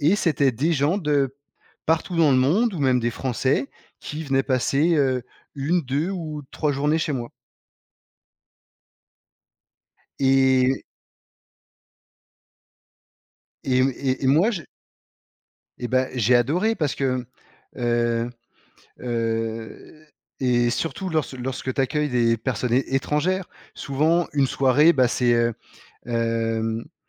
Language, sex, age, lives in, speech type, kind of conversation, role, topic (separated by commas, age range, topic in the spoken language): French, male, 35-39, France, conversation, podcast, guest, As-tu déjà été invité·e chez quelqu’un à l’étranger ?